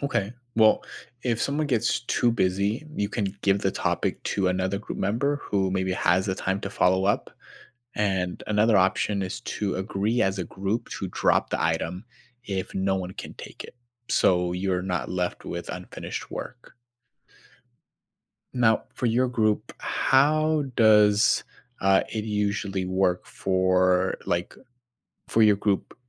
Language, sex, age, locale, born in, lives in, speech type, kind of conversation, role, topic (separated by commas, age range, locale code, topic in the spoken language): English, male, 25-29, en-US, United States, United States, read, expert-assistant, expert, What are signs that a group discussion is unproductive?